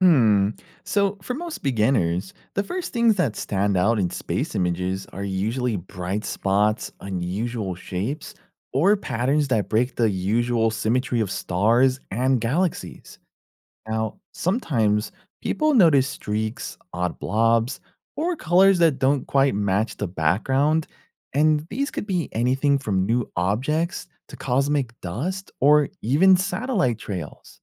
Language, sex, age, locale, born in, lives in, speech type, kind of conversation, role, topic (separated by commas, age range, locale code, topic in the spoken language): English, male, 30-34, en-US, United States, United States, read, expert-assistant, expert, What are fun ways to learn how scientists search for alien life?